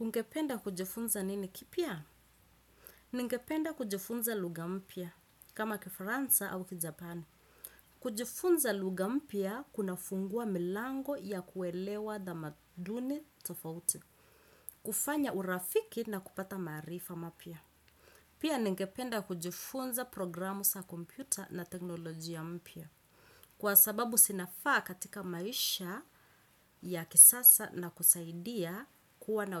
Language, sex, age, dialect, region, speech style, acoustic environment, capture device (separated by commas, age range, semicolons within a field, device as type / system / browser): Swahili, female, 25-34, Kenya - Mombasa, Kenya, focused, nature, desktop / Windows / Chrome